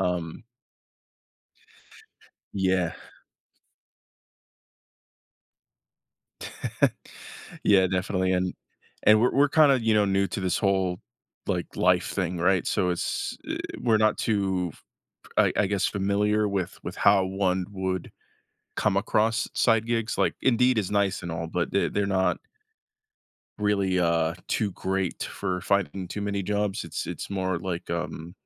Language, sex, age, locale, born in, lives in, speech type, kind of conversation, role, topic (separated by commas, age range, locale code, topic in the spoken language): English, male, 25-29, en-US, United States, United States, conversation, advice, user, How can I manage financial instability and feel more secure?